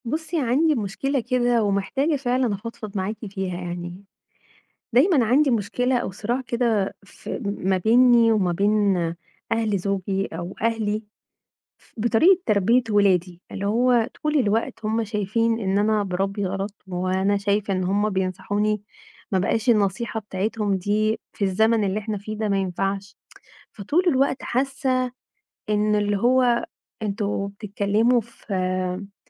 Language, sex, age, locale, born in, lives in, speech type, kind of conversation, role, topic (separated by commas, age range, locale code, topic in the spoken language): Arabic, female, 35-39, ar-EG, Egypt, Egypt, conversation, advice, user, إزاي بتتعامل مع الخلاف بينك وبين شريكك أو بينك وبين أهلك بخصوص تربية الأطفال؟